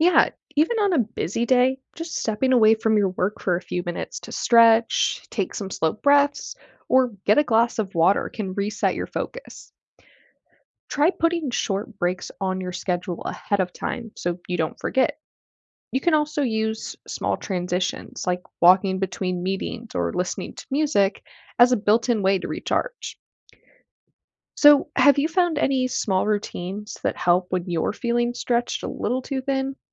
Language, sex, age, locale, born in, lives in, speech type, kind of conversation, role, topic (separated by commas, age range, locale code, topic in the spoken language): English, female, 20-24, en-US, United States, United States, read, expert-assistant, expert, Why is setting goals important?